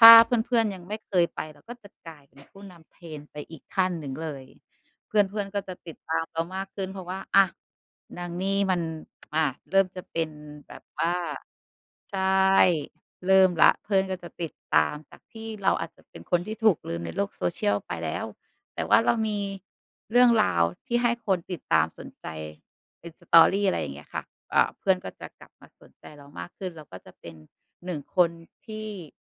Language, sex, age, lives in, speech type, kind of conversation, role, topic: Thai, female, 35-39, Thailand, conversation, unstructured, none, คุณชอบทำกิจกรรมอะไรมากที่สุดในเวลาว่าง?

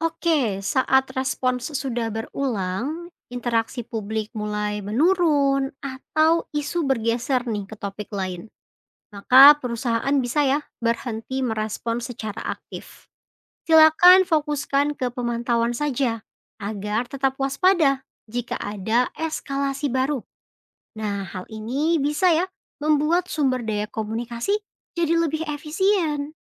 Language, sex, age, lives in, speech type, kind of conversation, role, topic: Indonesian, female, 25-29, Indonesia, read, expert-assistant, expert, Bagaimana cara menghadapi kritik dan isu viral perusahaan di media sosial secara profesional?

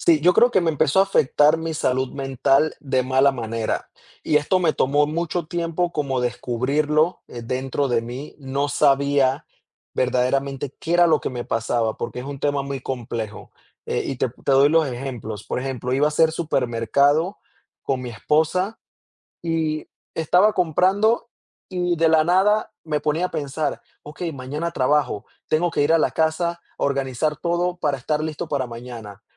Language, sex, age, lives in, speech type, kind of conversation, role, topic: Spanish, male, 30-34, United States, conversation, podcast, guest, ¿Cómo equilibras el dinero con la satisfacción laboral?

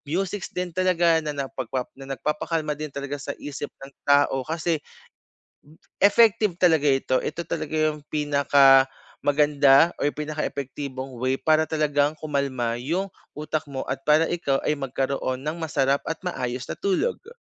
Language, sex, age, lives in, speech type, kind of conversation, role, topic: Filipino, male, 25-29, Philippines, conversation, advice, advisor, Paano ko mapapakalma ang aking katawan bago matulog nang mabilis?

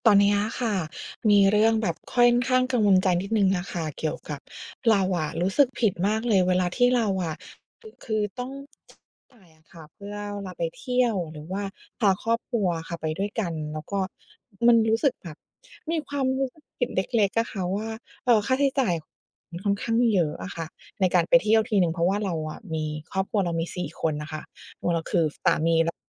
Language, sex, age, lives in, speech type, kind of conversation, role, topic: Thai, female, 40-44, United States, conversation, advice, user, คุณรู้สึกผิดเวลาใช้เงินเพื่อความบันเทิงหรือท่องเที่ยวหรือไม่?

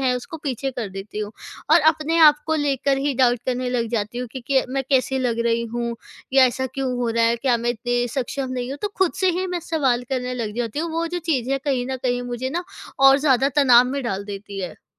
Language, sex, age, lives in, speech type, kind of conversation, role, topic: Hindi, female, 20-24, India, conversation, advice, user, दूसरों से अपनी तुलना करके आपको अक्सर नीचा क्यों महसूस होता है?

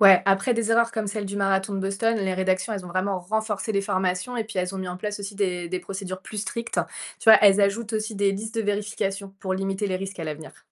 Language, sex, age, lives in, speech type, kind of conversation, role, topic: French, female, 30-34, France, read, expert-assistant, expert, Comment apprend-on à repérer une information fiable dans le journalisme ?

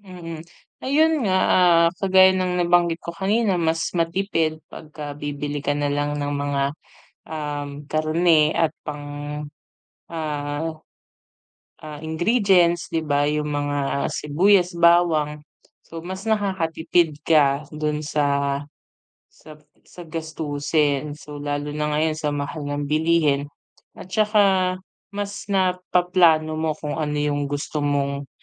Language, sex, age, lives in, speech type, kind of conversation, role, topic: Filipino, female, 30-34, Philippines, conversation, unstructured, none, Mas gusto mo bang magluto sa bahay o kumain sa labas?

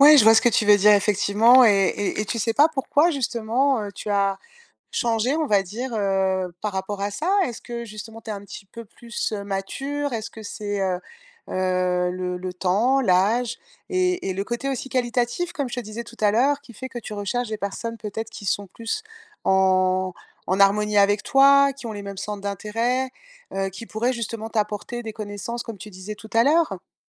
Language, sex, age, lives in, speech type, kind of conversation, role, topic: French, female, 50-54, France, conversation, advice, advisor, Difficulté à se faire de nouveaux amis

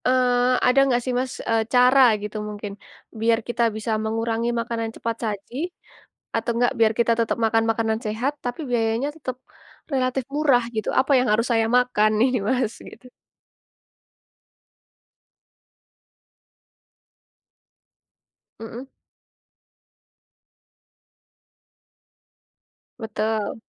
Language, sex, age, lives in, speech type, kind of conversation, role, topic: Indonesian, female, 20-24, Indonesia, conversation, unstructured, none, Apa pendapatmu tentang makanan cepat saji dan dampaknya terhadap kesehatan?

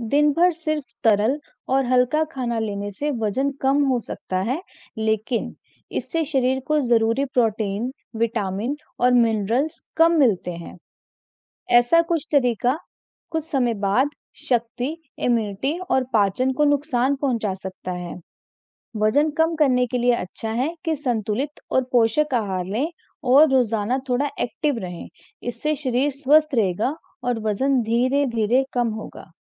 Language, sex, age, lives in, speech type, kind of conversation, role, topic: Hindi, female, 35-39, India, read, expert-assistant, expert, स्वस्थ जीवनशैली अपनाने के तरीके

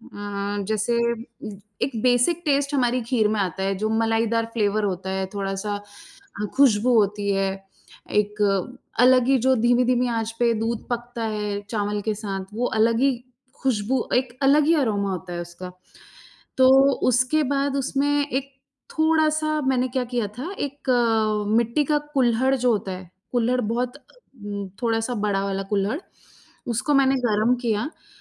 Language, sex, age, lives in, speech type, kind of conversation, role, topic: Hindi, female, 35-39, India, conversation, unstructured, none, क्या आपने कभी किसी खास रेसिपी के साथ प्रयोग किया है?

